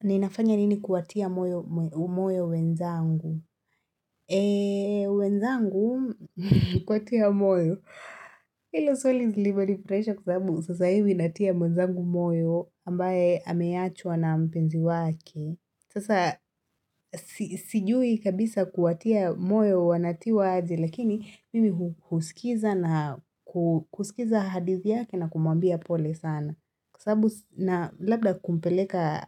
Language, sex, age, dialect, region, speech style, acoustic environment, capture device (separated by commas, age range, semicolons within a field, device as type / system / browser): Swahili, female, 25-34, Kenya - Nairobi Sheng-influenced, Kenya, relaxed, silence, mobile / Linux / Chrome